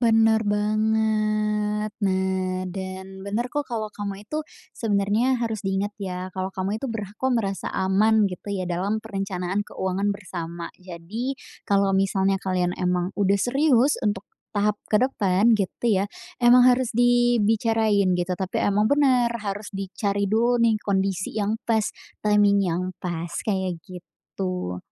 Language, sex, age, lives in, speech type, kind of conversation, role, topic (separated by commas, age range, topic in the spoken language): Indonesian, female, 20-24, Indonesia, conversation, advice, advisor, Bagaimana cara membicarakan tujuan menabung bersama dengan pasangan?